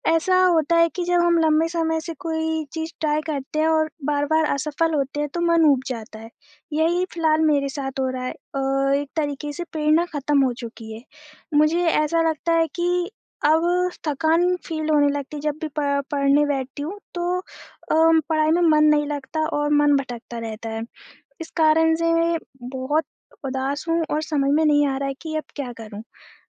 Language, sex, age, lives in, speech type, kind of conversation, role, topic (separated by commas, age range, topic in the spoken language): Hindi, female, 25-29, India, conversation, advice, user, लंबे लक्ष्य पर काम करते-करते मेरा मन उकता जाता है और प्रेरणा खत्म हो जाती है—मैं क्या करूँ?